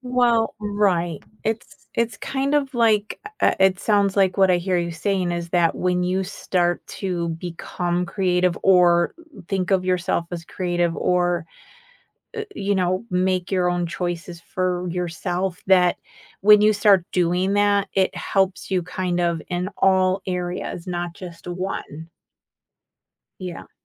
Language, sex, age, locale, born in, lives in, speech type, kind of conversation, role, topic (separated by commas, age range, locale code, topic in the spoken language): English, female, 50-54, en-US, United States, United States, conversation, podcast, host, How does creative expression shape your life and personal growth?